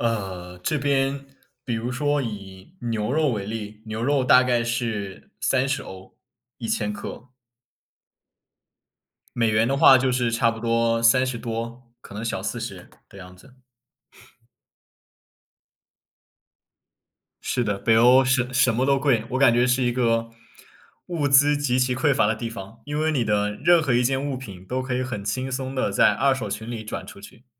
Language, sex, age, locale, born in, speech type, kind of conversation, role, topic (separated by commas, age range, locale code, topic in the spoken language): Chinese, male, 20-24, zh-CN, China, conversation, unstructured, none, 你觉得旅行会改变一个人的生活吗？